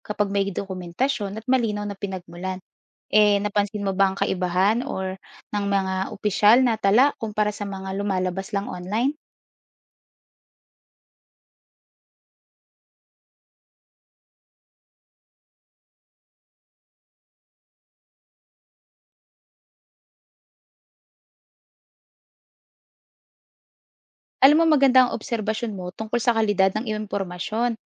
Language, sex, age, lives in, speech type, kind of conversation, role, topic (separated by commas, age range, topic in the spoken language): Filipino, female, 25-29, Philippines, read, expert-assistant, expert, Paano mo matutukoy kung totoo at kapani-paniwala ang mga kwento o impormasyon tungkol sa sayaw at teatro sa Pilipinas?